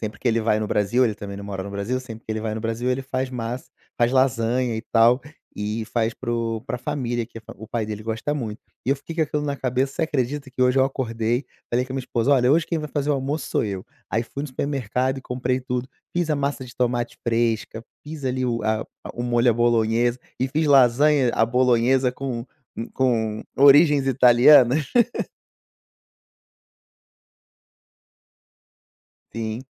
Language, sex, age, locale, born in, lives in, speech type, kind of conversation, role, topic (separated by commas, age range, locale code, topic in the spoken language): Portuguese, male, 35-39, pt-BR, Brazil, Portugal, conversation, podcast, host, Que alimentos representam a sua mistura cultural?